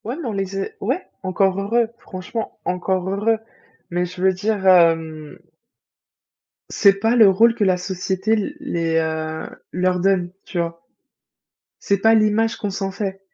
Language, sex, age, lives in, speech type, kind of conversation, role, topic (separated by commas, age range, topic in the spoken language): French, female, 25-29, France, conversation, unstructured, none, Penses-tu que la société impose certains comportements en fonction du genre ?